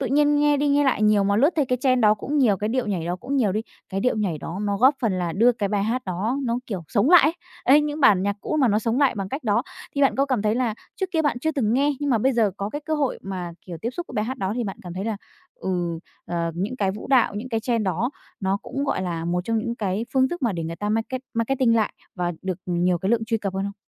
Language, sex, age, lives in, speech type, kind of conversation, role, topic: Vietnamese, female, 20-24, Vietnam, conversation, podcast, host, Mạng xã hội đã thay đổi cách chúng ta nghe nhạc như thế nào, theo bạn?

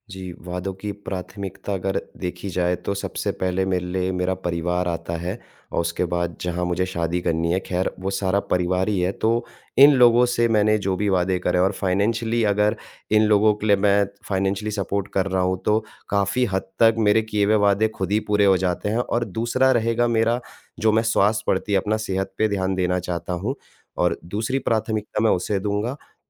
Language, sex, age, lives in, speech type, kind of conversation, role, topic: Hindi, male, 25-29, India, conversation, advice, user, आप खुद से किए गए वादे पूरे क्यों नहीं कर पा रहे हैं?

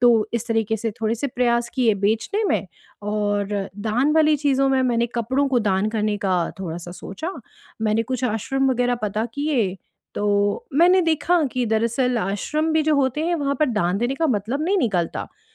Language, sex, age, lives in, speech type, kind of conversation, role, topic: Hindi, female, 35-39, India, conversation, advice, user, मुझे अपनी चीज़ों को बेचना, दान करना या रखना चाहिए—मैं यह फैसला कैसे करूँ?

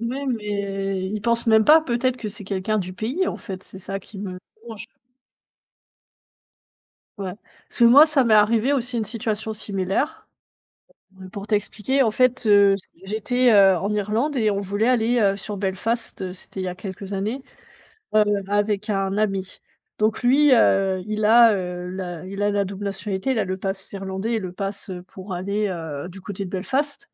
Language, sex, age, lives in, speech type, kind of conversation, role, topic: French, female, 30-34, Germany, conversation, unstructured, none, Quelle expérience t’a ouvert les yeux sur les inégalités sociales ?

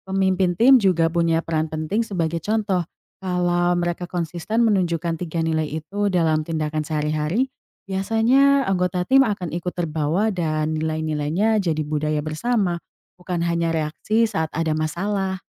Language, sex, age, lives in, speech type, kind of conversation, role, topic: Indonesian, female, 20-24, Indonesia, read, expert-assistant, expert, Nilai apa yang paling penting untuk menjaga kekompakan di tempat kerja yang beragam?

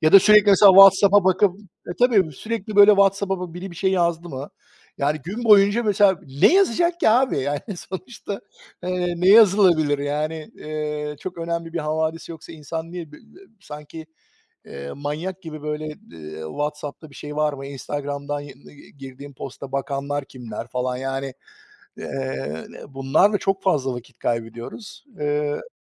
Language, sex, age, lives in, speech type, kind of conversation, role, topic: Turkish, male, 45-49, United States, conversation, unstructured, none, Teknolojinin günlük hayatınızı nasıl etkilediğini düşünüyorsunuz?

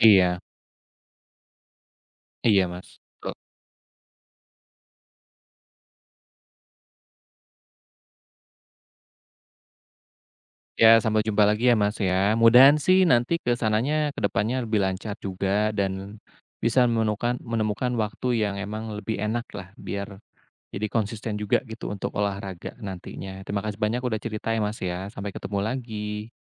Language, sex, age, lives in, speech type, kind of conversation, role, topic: Indonesian, male, 35-39, Indonesia, conversation, advice, advisor, Bagaimana cara membentuk kebiasaan berolahraga secara konsisten meski saya takut memulai?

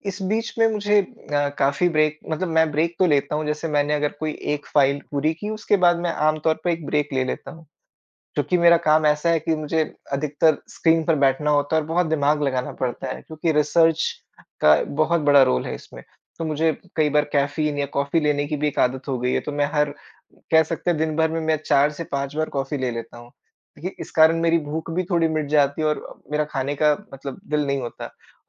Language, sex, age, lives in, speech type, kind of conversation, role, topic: Hindi, male, 25-29, India, conversation, advice, user, दैनिक काम के बीच स्वस्थ खाने का समय न मिलना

